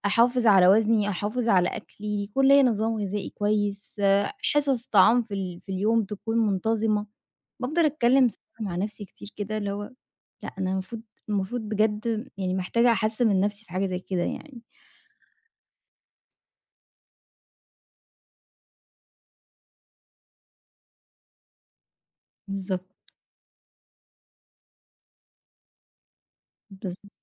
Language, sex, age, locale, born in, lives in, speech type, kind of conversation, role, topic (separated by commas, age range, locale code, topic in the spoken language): Arabic, female, 25-29, ar-EG, Egypt, Egypt, conversation, advice, user, ازاي أقدر أتحكم في حجم الحصص والأكل؟